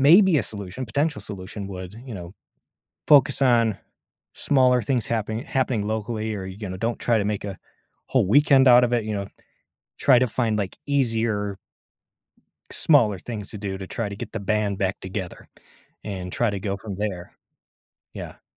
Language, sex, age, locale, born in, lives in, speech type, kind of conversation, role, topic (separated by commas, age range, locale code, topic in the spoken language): English, male, 30-34, en-US, United States, United States, conversation, advice, advisor, How should I respond to broken promises?